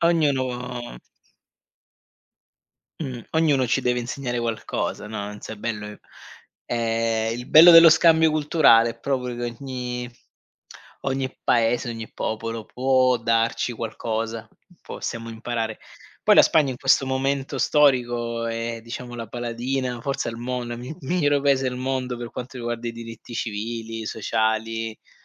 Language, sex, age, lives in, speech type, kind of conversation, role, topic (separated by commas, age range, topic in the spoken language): Italian, male, 40-44, Germany, conversation, unstructured, none, Che cosa ti piace di più della democrazia?